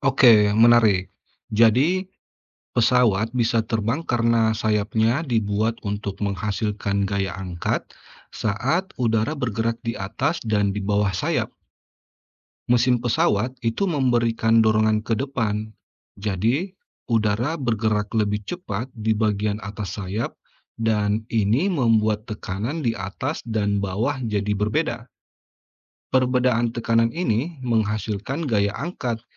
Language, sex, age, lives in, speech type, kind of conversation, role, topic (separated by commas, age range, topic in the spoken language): Indonesian, male, 35-39, Indonesia, read, expert-assistant, expert, Bagaimana pesawat bisa terbang di udara?